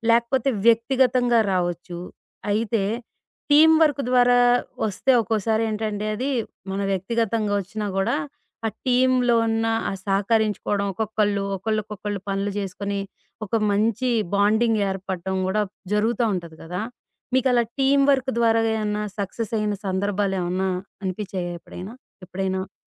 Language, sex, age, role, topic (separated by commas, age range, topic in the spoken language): Telugu, female, 40-44, host, నీకు విజయం అంటే ఏమిటి?